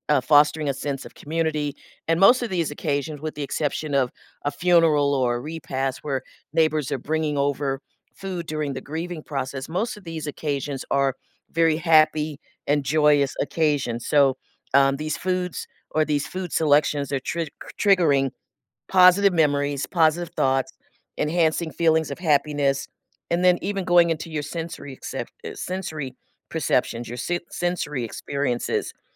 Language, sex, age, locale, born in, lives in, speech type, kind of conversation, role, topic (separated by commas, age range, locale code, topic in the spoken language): English, female, 60-64, en-US, United States, United States, conversation, podcast, guest, How do shared meals and drinks shape our most meaningful celebrations?